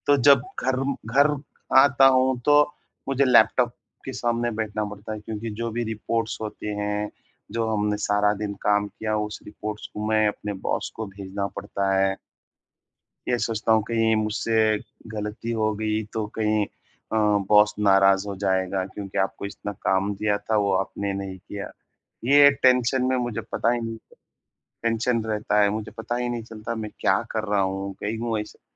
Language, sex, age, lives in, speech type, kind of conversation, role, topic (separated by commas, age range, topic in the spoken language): Hindi, male, 30-34, India, conversation, advice, user, ब्रेक लेते समय मैं अपनी ऊर्जा और एकाग्रता कैसे बढ़ा सकता/सकती हूँ?